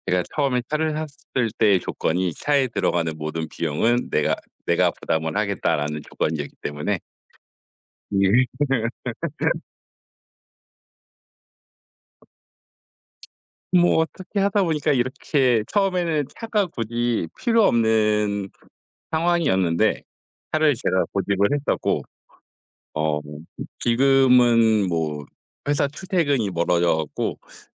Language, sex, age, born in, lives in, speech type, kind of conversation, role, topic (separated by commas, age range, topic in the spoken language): Korean, male, 40-44, South Korea, South Korea, conversation, unstructured, none, 비상금이 없으면 어떤 상황이 가장 걱정되시나요?